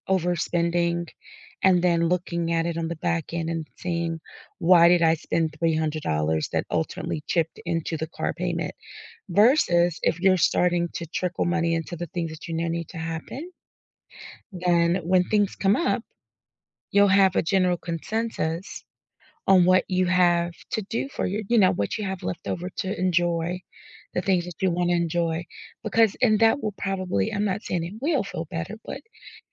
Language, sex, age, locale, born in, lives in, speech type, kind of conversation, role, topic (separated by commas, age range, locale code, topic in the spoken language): English, female, 40-44, en-US, United States, United States, conversation, advice, advisor, How can I manage mounting financial problems before they spiral out of control?